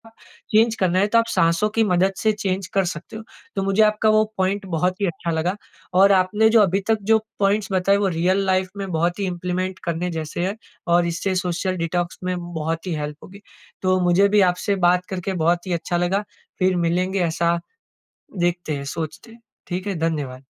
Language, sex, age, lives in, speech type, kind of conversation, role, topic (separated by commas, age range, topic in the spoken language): Hindi, male, 25-29, India, conversation, podcast, host, Social media se detox kab lete ho, kyun aur kaise?